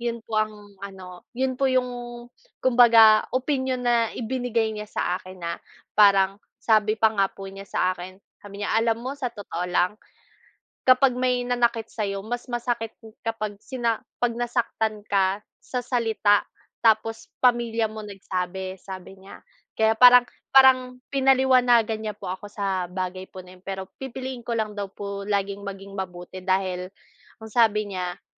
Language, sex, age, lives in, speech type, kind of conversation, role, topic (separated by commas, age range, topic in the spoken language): Filipino, female, 25-29, Philippines, conversation, unstructured, none, Paano nakaapekto sa pagkatao mo ngayon ang opinyon ng iba?